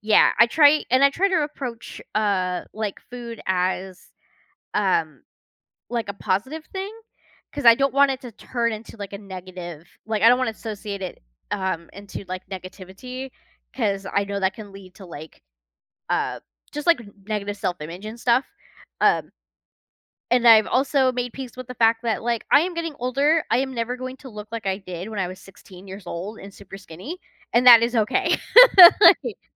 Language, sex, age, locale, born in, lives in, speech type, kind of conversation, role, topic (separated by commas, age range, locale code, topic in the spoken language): English, female, 30-34, en-US, United States, United States, conversation, unstructured, none, Why do you think so many people are attracted to diets that claim to offer fast results?